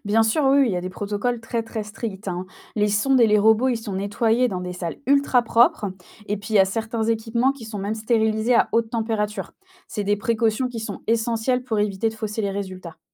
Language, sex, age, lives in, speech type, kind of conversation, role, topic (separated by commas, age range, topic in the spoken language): French, female, 25-29, France, read, expert-assistant, expert, Est-ce vrai que la NASA a déjà trouvé des preuves de vie ailleurs que sur Terre ?